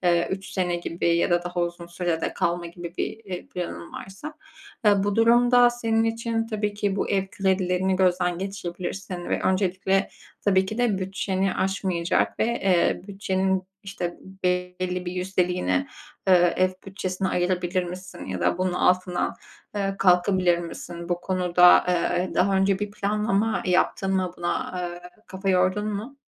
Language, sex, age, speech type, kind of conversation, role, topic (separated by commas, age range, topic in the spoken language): Turkish, female, 25-29, conversation, advice, advisor, Ev almak mı, kiralamak mı daha doğru bir karar?